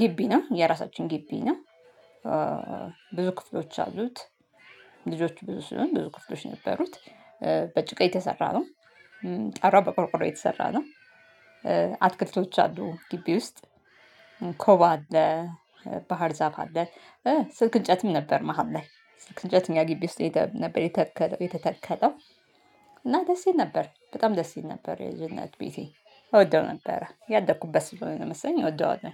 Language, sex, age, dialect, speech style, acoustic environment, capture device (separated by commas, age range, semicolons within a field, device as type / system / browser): Amharic, female, 35-44, Ethiopia - Addis Ababa, happy, nature, mobile / Linux / Chrome